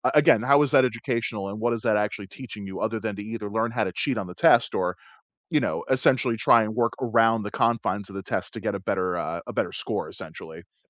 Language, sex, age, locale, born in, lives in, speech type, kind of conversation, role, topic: English, male, 35-39, en-US, United States, United States, conversation, unstructured, none, What's your opinion on standardized testing?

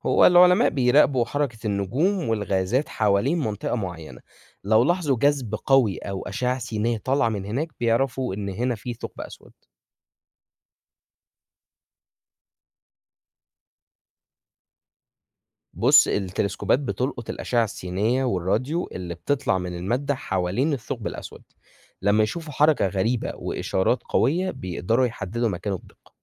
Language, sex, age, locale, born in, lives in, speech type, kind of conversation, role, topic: Arabic, male, 20-24, ar-EG, Egypt, Egypt, read, expert-assistant, expert, يعني إيه النجم بيعيش ويموت؟ ممكن تشرحلي دورة حياة النجم بشكل بسيط؟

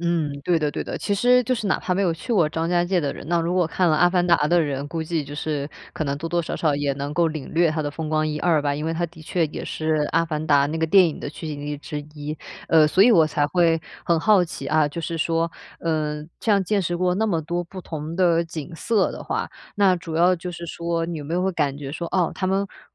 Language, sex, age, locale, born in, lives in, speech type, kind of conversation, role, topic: Chinese, female, 25-29, zh-CN, China, Germany, conversation, podcast, host, 大自然的景色会怎样影响你的情绪？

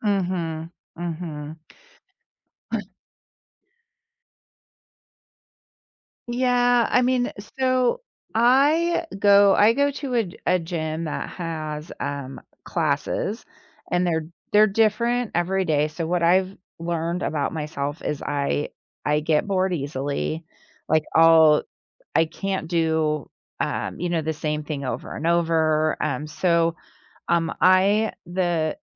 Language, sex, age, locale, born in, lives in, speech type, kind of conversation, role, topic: English, female, 45-49, en-US, United States, United States, conversation, podcast, guest, How has staying active shaped your daily life and well-being?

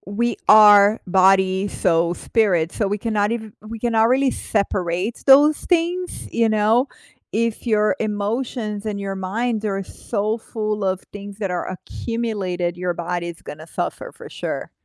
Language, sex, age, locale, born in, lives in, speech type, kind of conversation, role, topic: English, female, 45-49, en-BR, Brazil, United States, conversation, unstructured, none, Have you ever found comfort in remembering funny moments with someone you’ve lost?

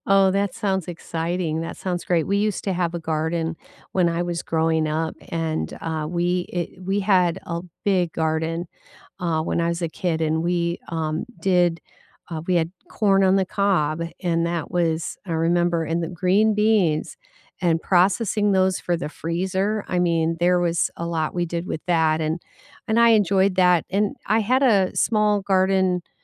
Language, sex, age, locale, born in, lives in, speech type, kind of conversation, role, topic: English, female, 60-64, en-US, United States, United States, conversation, unstructured, none, Which indoor plants will thrive in your space, and how should you style them?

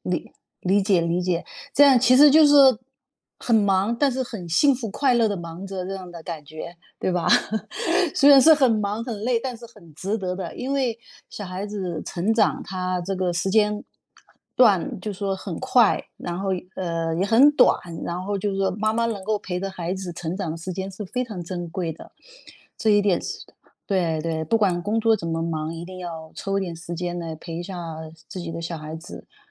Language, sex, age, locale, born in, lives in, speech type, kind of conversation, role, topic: Chinese, female, 50-54, zh-CN, China, United States, conversation, podcast, host, 你是如何平衡工作与生活的？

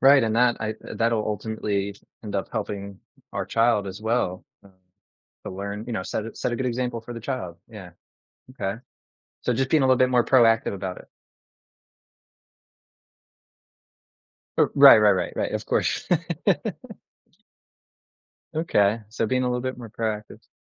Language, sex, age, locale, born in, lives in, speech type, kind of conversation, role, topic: English, male, 30-34, en-US, United States, United States, conversation, advice, user, How can I care for my newborn while managing overwhelm and getting enough rest?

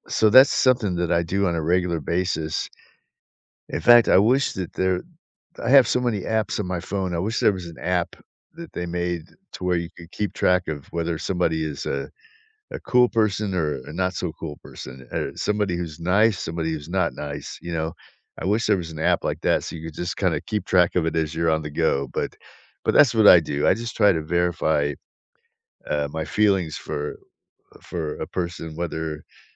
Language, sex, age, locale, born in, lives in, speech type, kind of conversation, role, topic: English, male, 60-64, en-US, United States, United States, conversation, unstructured, none, Which everyday moments and small habits help you feel genuinely connected to people you see regularly?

